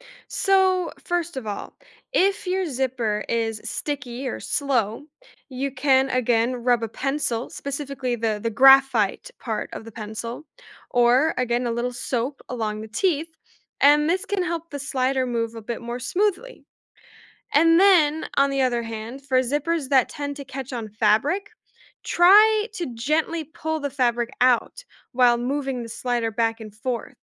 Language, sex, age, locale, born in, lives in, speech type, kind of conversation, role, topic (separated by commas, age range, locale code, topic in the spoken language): English, female, 20-24, en-US, United States, United States, read, expert-assistant, expert, How does a zipper work?